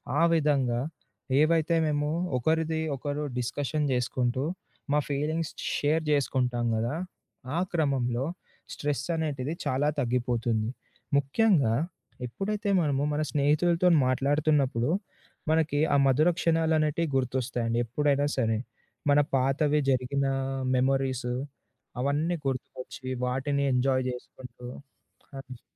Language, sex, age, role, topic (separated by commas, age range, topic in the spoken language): Telugu, male, 20-24, guest, ఒత్తిడిని తగ్గించుకోవడానికి మీరు రోజూ చేసే అప్రమత్తత సాధన ఏమిటి?